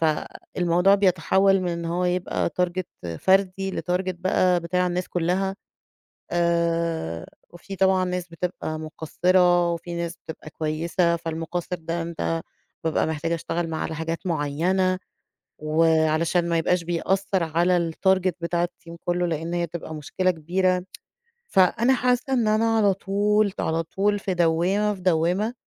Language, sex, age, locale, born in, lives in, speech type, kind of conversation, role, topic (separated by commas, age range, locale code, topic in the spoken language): Arabic, female, 35-39, ar-EG, Egypt, Egypt, conversation, advice, user, إزاي فقدان الشغل أثّر على إحساسك بهويتك، وإيه خطتك البديلة دلوقتي؟